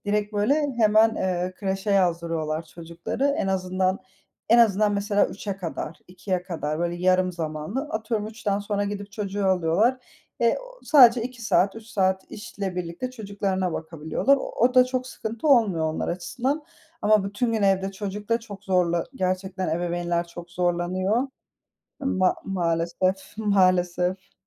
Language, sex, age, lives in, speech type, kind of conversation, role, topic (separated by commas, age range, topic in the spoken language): Turkish, female, 30-34, Spain, conversation, podcast, guest, Sence uzaktan çalışma daha kalıcı hâle gelir mi?